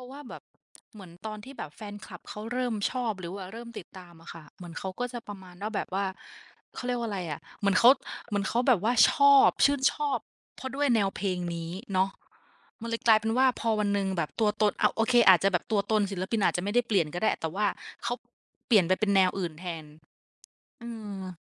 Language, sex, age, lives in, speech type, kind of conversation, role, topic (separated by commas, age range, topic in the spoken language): Thai, female, 25-29, Thailand, conversation, unstructured, none, ทำไมบางคนถึงโกรธเมื่อศิลปินเปลี่ยนแนวเพลง?